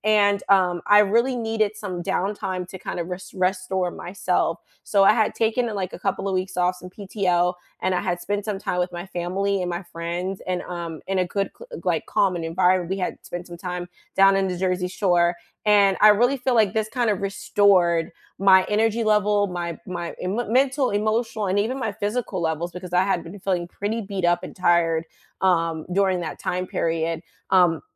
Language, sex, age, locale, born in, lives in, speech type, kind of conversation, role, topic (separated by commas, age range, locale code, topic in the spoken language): English, female, 25-29, en-US, United States, United States, conversation, unstructured, none, How do you recharge after a long, draining day, and what rituals help you feel restored?